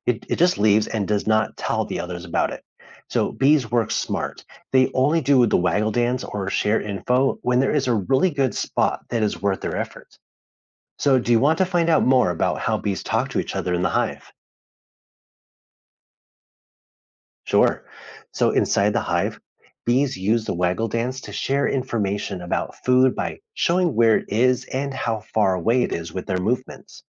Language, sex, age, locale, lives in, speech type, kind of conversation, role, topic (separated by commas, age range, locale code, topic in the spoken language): English, male, 35-39, en-US, United States, read, expert-assistant, expert, How do bees make honey from nectar?